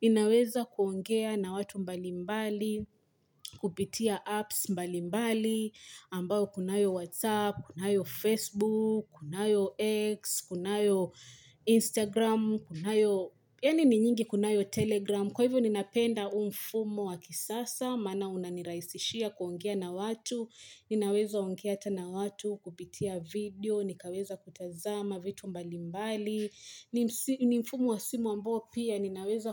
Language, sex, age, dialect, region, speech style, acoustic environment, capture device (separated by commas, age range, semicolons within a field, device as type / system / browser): Swahili, female, 25-34, Kenya - Mombasa, Kenya, happy, silence, mobile / Linux / Chrome